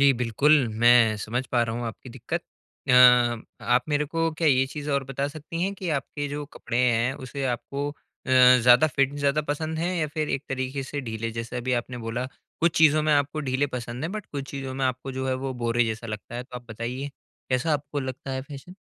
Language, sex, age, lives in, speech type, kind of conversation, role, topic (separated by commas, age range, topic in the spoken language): Hindi, male, 20-24, India, conversation, advice, advisor, कपड़ों में आराम और शैली का संतुलन आप कैसे बना सकते हैं?